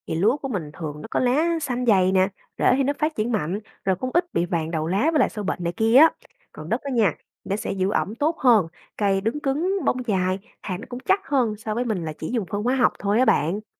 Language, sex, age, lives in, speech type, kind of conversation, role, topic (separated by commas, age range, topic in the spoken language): Vietnamese, female, 25-29, Vietnam, read, expert-assistant, expert, Truyền thuyết về việc trồng lúa ba vụ làm đất bạc màu: Đây là sự thật khoa học hay chỉ là nhận định phổ biến?